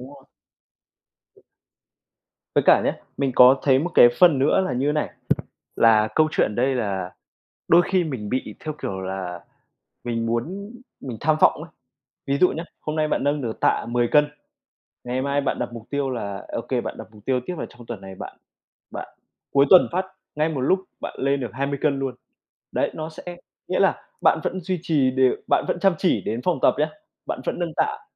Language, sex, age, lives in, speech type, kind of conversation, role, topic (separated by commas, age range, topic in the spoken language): Vietnamese, male, 25-29, Vietnam, conversation, unstructured, none, Bạn nghĩ gì về việc đặt mục tiêu cá nhân?